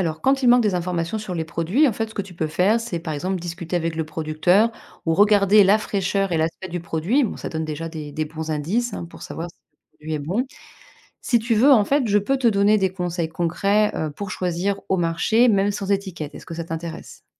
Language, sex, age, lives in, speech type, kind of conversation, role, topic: French, female, 40-44, France, read, expert-assistant, expert, Quelles sont les sources d’information les plus crédibles pour vérifier si un aliment est sûr à consommer, surtout face aux rumeurs sur les réseaux sociaux ?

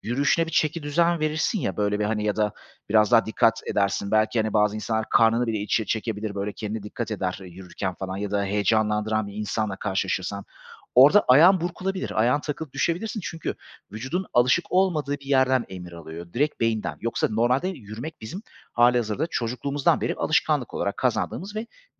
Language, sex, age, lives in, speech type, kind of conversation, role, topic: Turkish, male, 35-39, Greece, conversation, advice, advisor, Önemli bir sunum veya toplantı öncesinde yoğun performans kaygısı yaşıyor musunuz?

